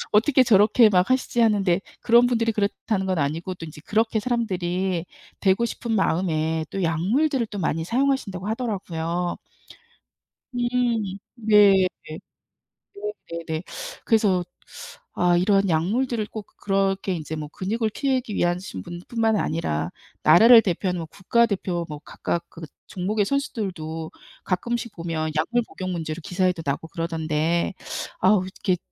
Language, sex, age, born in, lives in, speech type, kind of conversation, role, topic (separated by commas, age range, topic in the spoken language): Korean, female, 55-59, South Korea, United States, conversation, unstructured, none, 운동선수들의 약물 복용 문제를 어떻게 바라보시나요?